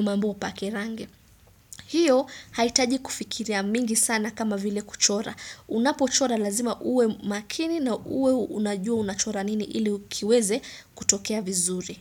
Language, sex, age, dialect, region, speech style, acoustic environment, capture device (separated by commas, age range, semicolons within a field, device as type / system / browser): Swahili, female, 25-34, Kenya - Nairobi Sheng-influenced, Kenya, happy; focused; excited, silence, desktop / Windows / Chrome